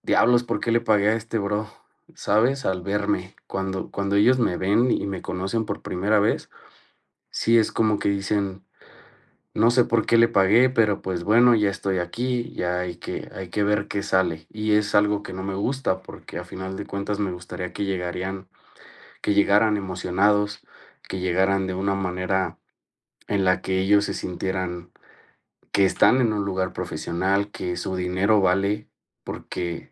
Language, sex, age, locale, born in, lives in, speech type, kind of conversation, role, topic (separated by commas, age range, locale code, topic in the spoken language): Spanish, male, 30-34, es-MX, Mexico, Mexico, conversation, advice, user, ¿Cómo puedo mejorar mi autoestima y sentirme bien conmigo mismo?